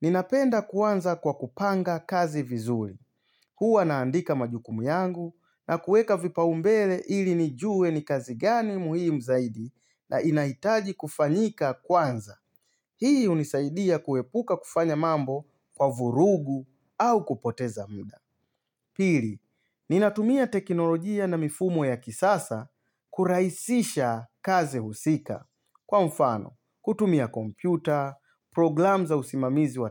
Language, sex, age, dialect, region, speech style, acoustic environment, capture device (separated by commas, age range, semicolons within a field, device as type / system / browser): Swahili, male, 35-44, Tanzania - Dar es Salaam, Tanzania, focused; excited; happy; relaxed, silence, mobile / Linux / Chrome